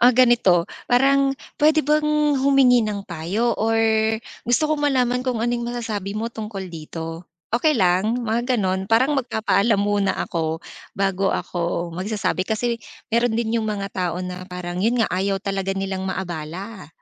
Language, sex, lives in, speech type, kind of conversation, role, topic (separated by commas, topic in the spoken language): Filipino, female, Philippines, conversation, podcast, guest, Paano ka humihingi ng payo nang hindi nahihiya?